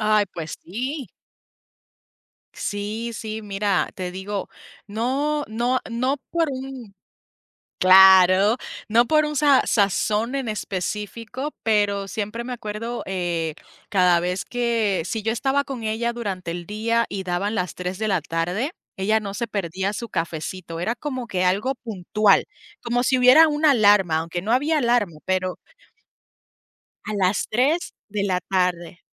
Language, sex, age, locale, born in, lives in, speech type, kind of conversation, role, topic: Spanish, female, 30-34, es-US, Puerto Rico, United States, conversation, unstructured, none, ¿Cuál es tu mejor recuerdo con tus abuelos?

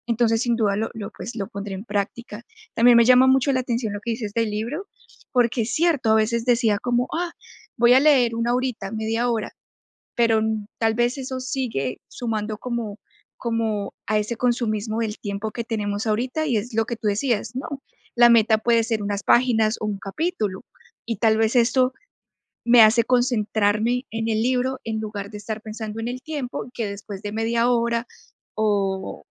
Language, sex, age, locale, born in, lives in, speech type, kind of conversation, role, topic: Spanish, female, 25-29, es-CO, Colombia, United States, conversation, advice, user, ¿Cómo puedo aprovechar el aburrimiento para mejorar mi concentración y trabajar en tareas largas?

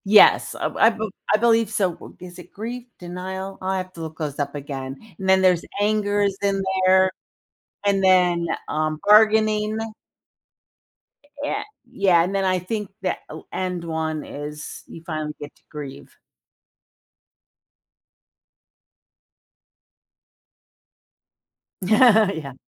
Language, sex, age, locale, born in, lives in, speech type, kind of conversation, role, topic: English, female, 60-64, en-US, United States, United States, conversation, podcast, host, What are thoughtful ways to be there for a friend who is grieving?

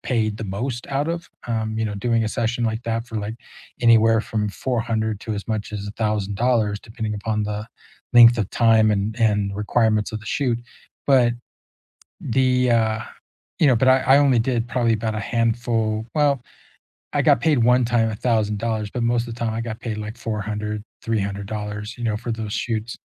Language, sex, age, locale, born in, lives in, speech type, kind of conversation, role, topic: English, male, 60-64, en-US, United States, United States, conversation, unstructured, none, What is a hobby you have rediscovered recently?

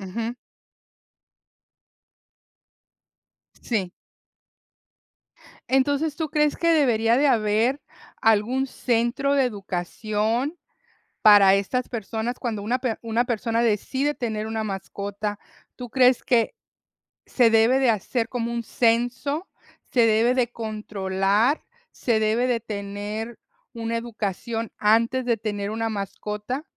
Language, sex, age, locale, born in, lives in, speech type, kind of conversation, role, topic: Spanish, female, 55-59, es-MX, United States, United States, conversation, unstructured, none, ¿Te preocuparía que un animal de tu vecindario fuera agresivo?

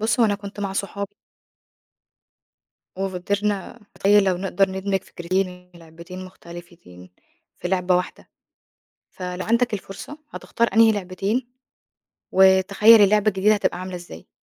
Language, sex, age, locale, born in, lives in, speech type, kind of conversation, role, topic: Arabic, female, 25-29, ar-EG, Egypt, Egypt, read, expert-assistant, asker, لو عندك القدرة تدمج لعبتين مشهورين مع بعض، إيه هما وحيبقى شكل اللعبة الجديدة عامل إزاي؟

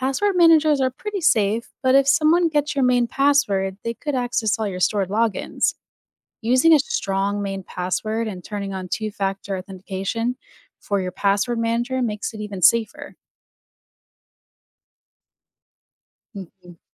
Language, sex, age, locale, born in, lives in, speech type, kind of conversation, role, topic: English, female, 30-34, en-US, United States, United States, read, expert-assistant, expert, Why is online privacy important?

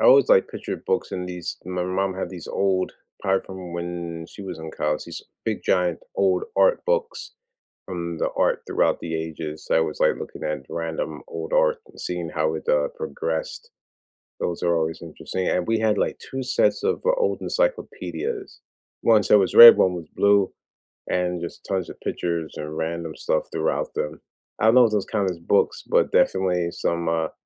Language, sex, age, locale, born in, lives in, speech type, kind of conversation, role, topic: English, male, 40-44, en-US, United States, United States, conversation, unstructured, none, Which school-assigned book has stayed with you, and why does it still resonate?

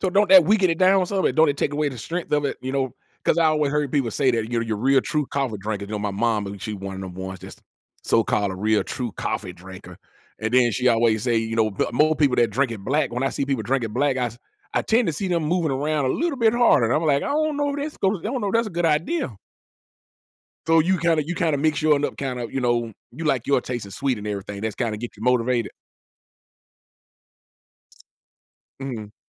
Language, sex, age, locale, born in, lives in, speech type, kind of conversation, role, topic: English, male, 45-49, en-US, United States, United States, conversation, podcast, host, What helps you keep your energy and focus when work gets challenging?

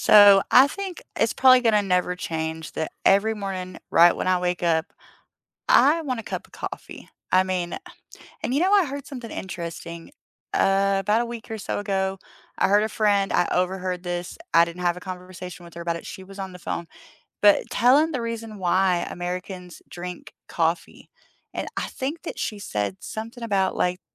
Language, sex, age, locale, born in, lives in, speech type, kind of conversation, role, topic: English, female, 30-34, en-US, United States, United States, conversation, unstructured, none, What would your ideal day look like a few years from now, and who and what would fill it?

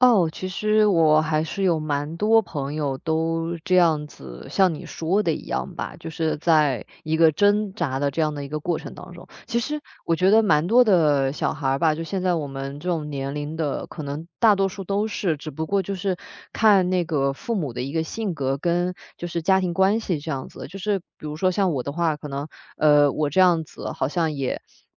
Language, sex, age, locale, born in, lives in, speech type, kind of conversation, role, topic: Chinese, female, 25-29, zh-CN, China, Germany, conversation, podcast, guest, 你如何分辨外界的期待和自己内心的想法？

